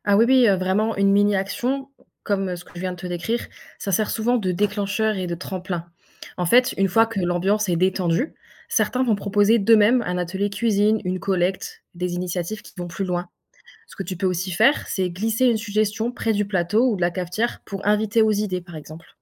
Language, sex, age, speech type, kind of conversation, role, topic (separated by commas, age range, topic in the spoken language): French, female, 20-24, read, expert-assistant, expert, Réduire notre empreinte carbone : quels efforts apportent le plus de bénéfices pour la planète et pour nous ?